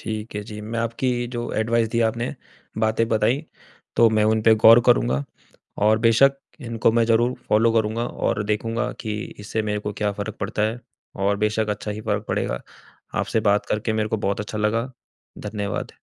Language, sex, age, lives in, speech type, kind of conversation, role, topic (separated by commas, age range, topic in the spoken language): Hindi, male, 25-29, India, conversation, advice, user, ब्रेकअप के बाद भावनात्मक रूप से स्वतंत्र होकर आत्म-दया कैसे विकसित करूँ?